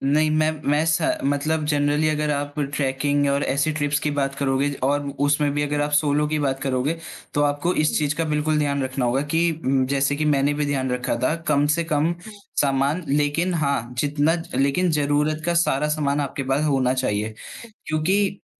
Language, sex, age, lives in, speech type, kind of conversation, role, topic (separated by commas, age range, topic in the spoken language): Hindi, male, 20-24, India, conversation, podcast, guest, Kisi trip mein aapke saath hua koi travel mishap kya tha?